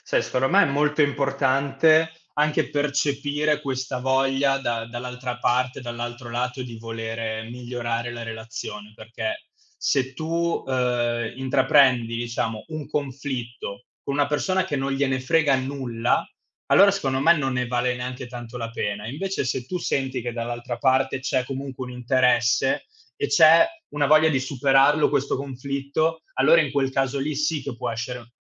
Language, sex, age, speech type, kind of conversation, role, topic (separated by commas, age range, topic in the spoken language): Italian, male, 25-29, conversation, unstructured, none, Perché a volte i conflitti possono far crescere le relazioni?